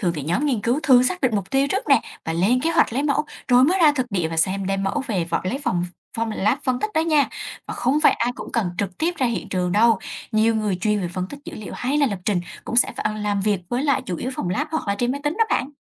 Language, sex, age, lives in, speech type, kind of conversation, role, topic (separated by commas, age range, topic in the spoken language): Vietnamese, female, 20-24, Vietnam, read, expert-assistant, expert, Khi đứng trước nhiều lựa chọn, làm sao biết mình phù hợp với hướng nghiên cứu sinh học biển?